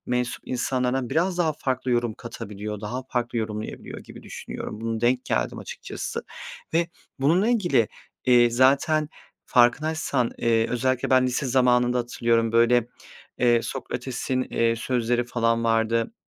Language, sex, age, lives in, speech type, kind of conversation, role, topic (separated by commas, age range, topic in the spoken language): Turkish, male, 30-34, Poland, conversation, unstructured, none, Senin için ölümden sonra yaşam var mı?